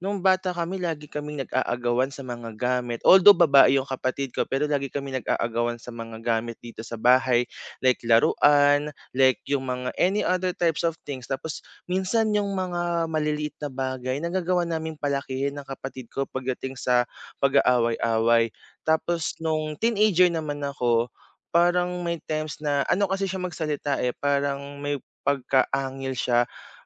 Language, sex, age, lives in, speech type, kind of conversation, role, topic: Filipino, male, 25-29, Philippines, conversation, advice, user, Paano ko mapapabuti ang komunikasyon namin ng kapatid ko at maiwasan ang hindi pagkakaunawaan?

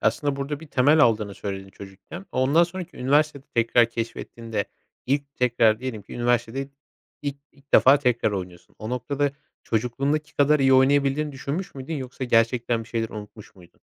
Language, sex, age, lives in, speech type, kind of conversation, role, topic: Turkish, male, 25-29, Poland, conversation, podcast, host, Bir hobiyi yeniden keşfetme sürecini bize nasıl anlatırsın?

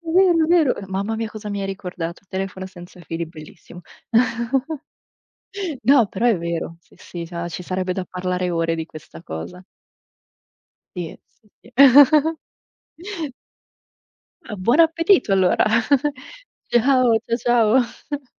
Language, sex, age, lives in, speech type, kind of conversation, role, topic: Italian, female, 25-29, Italy, conversation, unstructured, none, Credi che le notizie siano sempre affidabili? Perché?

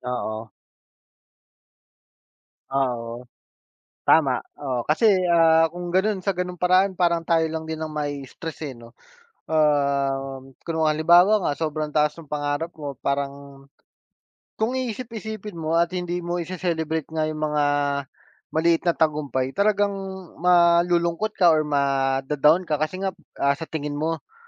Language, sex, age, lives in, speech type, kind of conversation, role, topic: Filipino, male, 30-34, Philippines, conversation, unstructured, none, Ano ang diskarte mo sa pag-unlad ng iyong sarili?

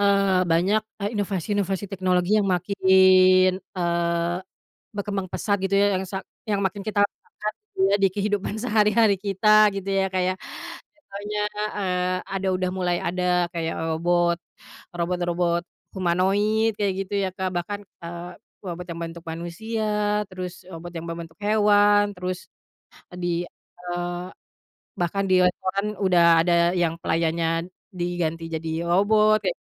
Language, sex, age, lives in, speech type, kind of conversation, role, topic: Indonesian, female, 30-34, Indonesia, conversation, unstructured, none, Inovasi teknologi apa yang paling kamu nantikan?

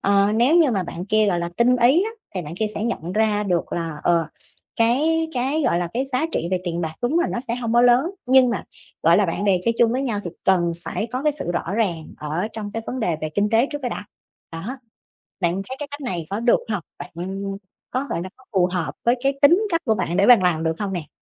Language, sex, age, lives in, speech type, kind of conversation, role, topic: Vietnamese, female, 30-34, Vietnam, conversation, advice, advisor, Làm thế nào để xử lý khi một người bạn lợi dụng thời gian và cảm xúc của tôi?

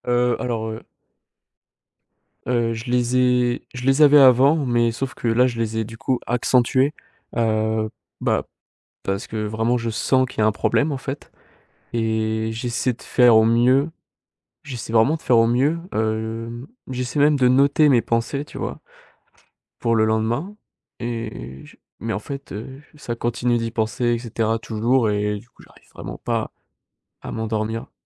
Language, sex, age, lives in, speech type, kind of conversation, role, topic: French, male, 18-19, France, conversation, advice, user, Pourquoi ai-je du mal à me détendre avant de me coucher, avec des pensées qui tournent en boucle ?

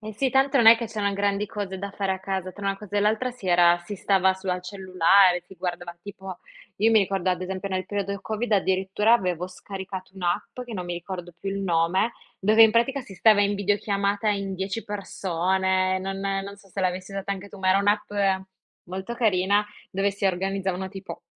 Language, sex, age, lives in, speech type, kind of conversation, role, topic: Italian, female, 25-29, Italy, conversation, unstructured, none, Secondo te, la tecnologia rende le persone più connesse o più isolate?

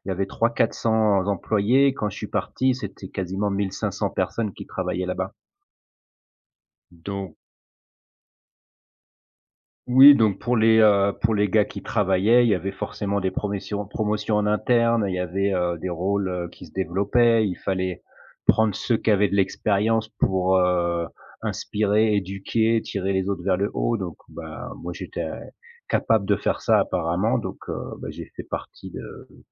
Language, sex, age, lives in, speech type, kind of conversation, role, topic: French, male, 40-44, France, conversation, podcast, guest, Peux-tu nous raconter un tournant important dans ta carrière ?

